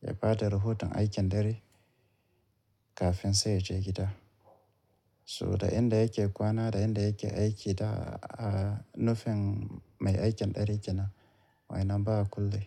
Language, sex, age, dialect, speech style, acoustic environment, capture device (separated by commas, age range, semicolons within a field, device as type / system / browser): Hausa, male, 35-44, Nigeria - Kano, relaxed, appliances; nature, mobile / Linux / Chrome